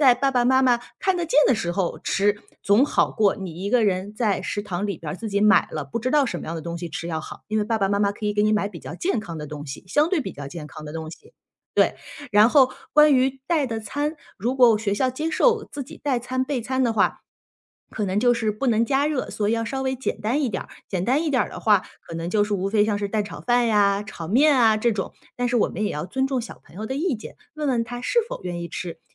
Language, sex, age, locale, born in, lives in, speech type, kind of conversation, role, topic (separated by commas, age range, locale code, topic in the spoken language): Chinese, female, 35-39, zh-CN, China, United States, conversation, advice, advisor, 如何在沟通中建立并保持信任？